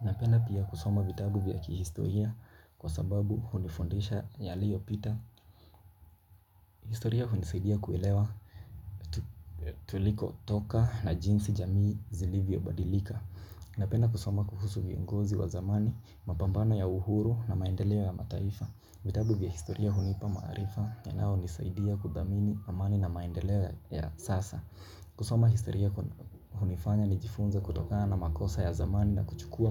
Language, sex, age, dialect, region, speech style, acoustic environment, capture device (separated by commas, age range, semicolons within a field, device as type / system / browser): Swahili, male, 18-24, Kenya - Mombasa, Kenya, focused, nature; music, mobile / Linux / Chrome